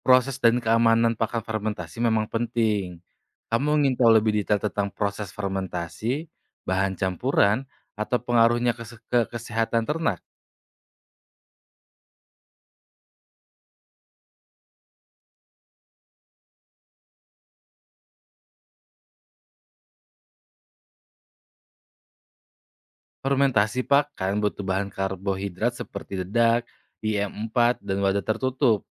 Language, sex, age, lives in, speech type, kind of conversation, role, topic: Indonesian, male, 25-29, Indonesia, read, expert-assistant, expert, Apa solusi unik agar limbah peternakan bisa diubah menjadi sesuatu yang bermanfaat, bukan sekadar dibuang?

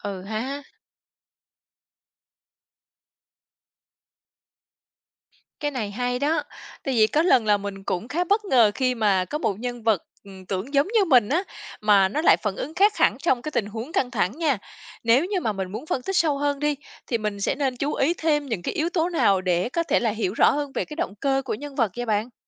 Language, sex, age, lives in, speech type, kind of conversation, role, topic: Vietnamese, female, 35-39, Vietnam, read, expert-assistant, asker, Những cách nào giúp tôi phát triển khả năng nhìn nhận đa chiều về một cuốn sách?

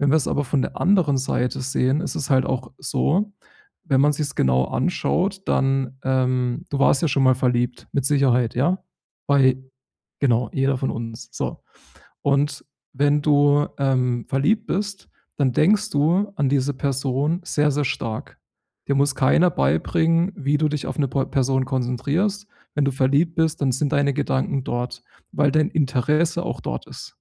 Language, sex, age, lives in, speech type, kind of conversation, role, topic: German, male, 30-34, Germany, conversation, advice, advisor, Wie kann ich im Alltag trotz störender Gedanken präsent bleiben?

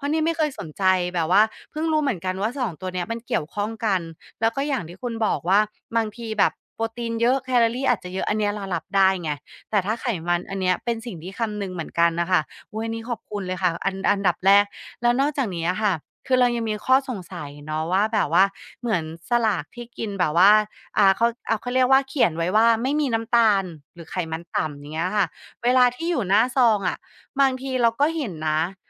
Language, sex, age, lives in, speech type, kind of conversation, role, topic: Thai, female, 35-39, Thailand, conversation, advice, user, ฉันควรอ่านฉลากอย่างไรเพื่อเลือกอาหารและผลิตภัณฑ์ที่ดีต่อสุขภาพ?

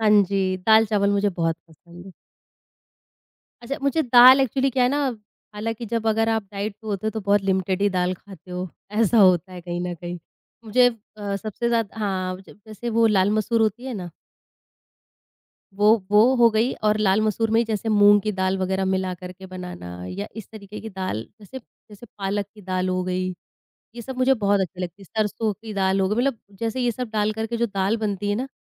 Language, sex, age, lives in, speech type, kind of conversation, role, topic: Hindi, female, 30-34, India, conversation, podcast, guest, Meal prep tum kaise plan karte ho aur kuch simple tips kya hain?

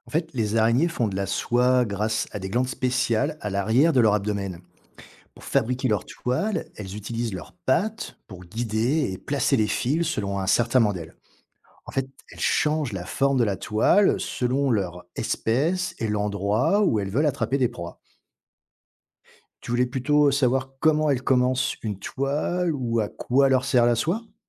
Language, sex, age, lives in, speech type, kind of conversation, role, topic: French, male, 45-49, France, read, expert-assistant, expert, Comment les araignées tissent-elles leurs toiles ?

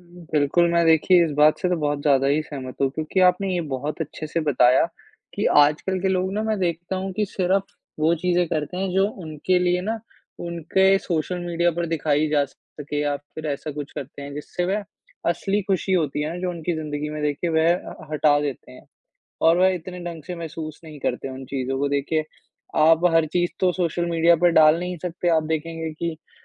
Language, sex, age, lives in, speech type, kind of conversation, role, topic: Hindi, male, 20-24, India, conversation, unstructured, none, क्या आपको कभी लगता है कि सोशल मीडिया आपकी आदतों को बदल रहा है?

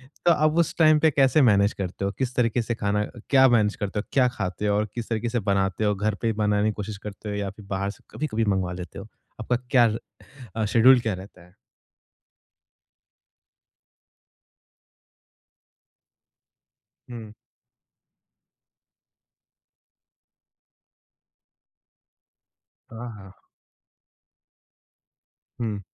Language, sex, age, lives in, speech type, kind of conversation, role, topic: Hindi, male, 25-29, India, conversation, podcast, host, Budget tight ho to feast kaise arrange karoge?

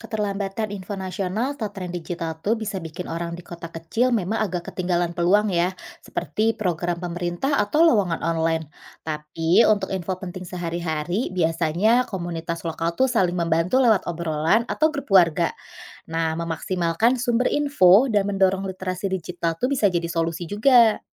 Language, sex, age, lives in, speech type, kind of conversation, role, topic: Indonesian, female, 35-39, Indonesia, read, expert-assistant, expert, Lebih nyaman tinggal di kota besar atau kota kecil? Kenapa menurutmu?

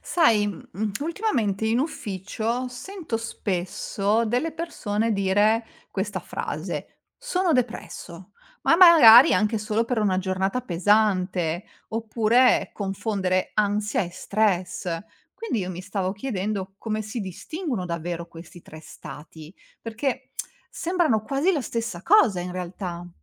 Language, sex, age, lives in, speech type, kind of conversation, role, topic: Italian, female, 40-44, Italy, read, expert-assistant, asker, Perché c’è spesso confusione tra stress, ansia e depressione? Come possiamo distinguerli meglio?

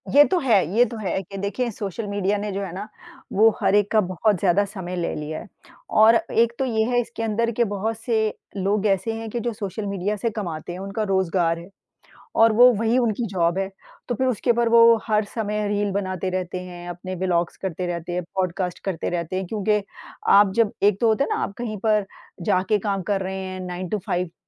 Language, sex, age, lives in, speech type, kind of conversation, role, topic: Hindi, female, 50-54, United States, conversation, unstructured, none, क्या आप मानते हैं कि आजकल लोग अपने परिवार के साथ कम समय बिताते हैं?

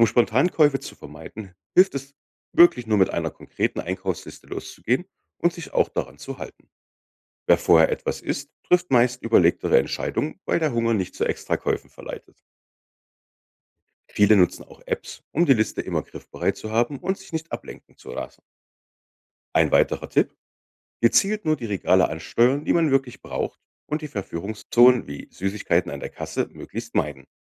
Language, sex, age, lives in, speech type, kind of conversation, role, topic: German, male, 35-39, Germany, read, expert-assistant, expert, Wie kann man beim Lebensmitteleinkauf gezielt sparen?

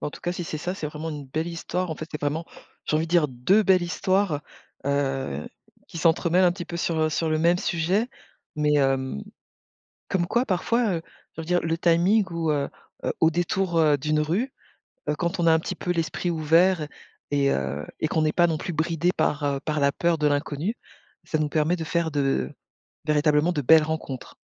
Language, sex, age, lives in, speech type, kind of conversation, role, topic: French, female, 45-49, France, conversation, podcast, host, Peux-tu raconter une histoire où un inconnu t’a aidé de façon inattendue ?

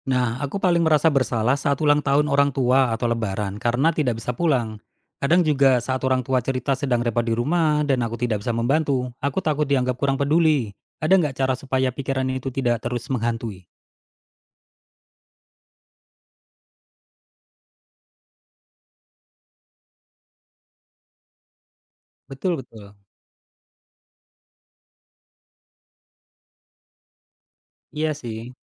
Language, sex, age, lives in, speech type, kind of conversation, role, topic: Indonesian, male, 35-39, Indonesia, read, expert-assistant, asker, Bagaimana mengelola emosi diri ketika harus membuat keputusan besar yang penuh tekanan agar tidak menyesal di kemudian hari?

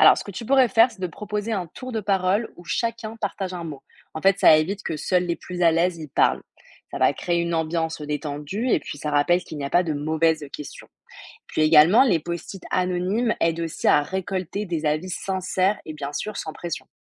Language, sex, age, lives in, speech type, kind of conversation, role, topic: French, female, 20-24, France, read, expert-assistant, expert, Pourquoi avons-nous tendance à répéter des habitudes, même celles que nous voudrions changer ?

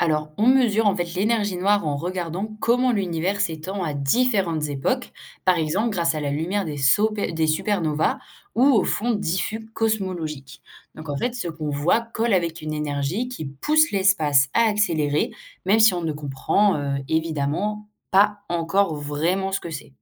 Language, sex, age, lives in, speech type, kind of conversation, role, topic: French, female, 18-19, France, read, expert-assistant, expert, On dit que l’univers s’agrandit, mais est-ce vraiment tout l’espace qui grossit, ou juste les objets qui s’éloignent ?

